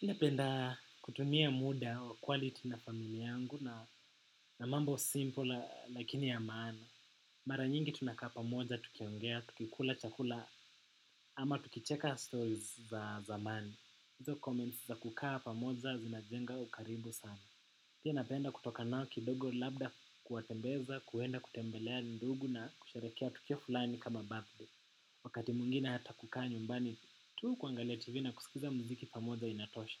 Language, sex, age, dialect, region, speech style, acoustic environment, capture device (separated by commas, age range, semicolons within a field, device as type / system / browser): Swahili, male, 18-24, Kenya - Nairobi Sheng-influenced, Kenya, happy, nature, desktop / macOS / Chrome